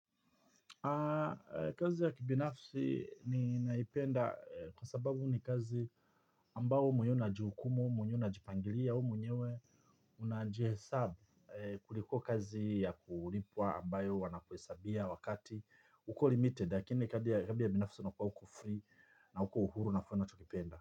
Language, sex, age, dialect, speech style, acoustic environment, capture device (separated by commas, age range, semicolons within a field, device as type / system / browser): Swahili, male, 45-54, Tanzania - Dar es Salaam, happy, people talking, mobile / Linux / Chrome